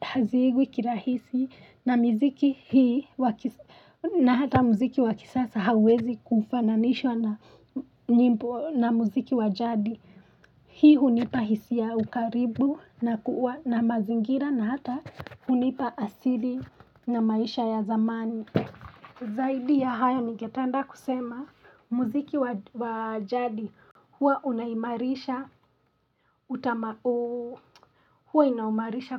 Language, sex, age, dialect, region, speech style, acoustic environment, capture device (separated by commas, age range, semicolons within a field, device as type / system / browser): Swahili, female, 25-34, Kenya - Nairobi Sheng-influenced, Kenya, happy; relaxed; focused; excited, nature; silence, mobile / Linux / Chrome